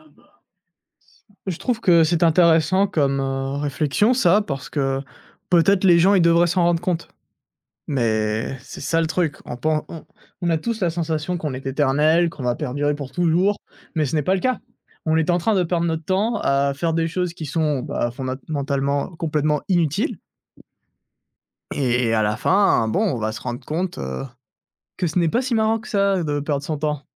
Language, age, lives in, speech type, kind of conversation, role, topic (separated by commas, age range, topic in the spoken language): French, 20-24, France, conversation, podcast, guest, Comment les réseaux sociaux changent-ils la manière de raconter des histoires ?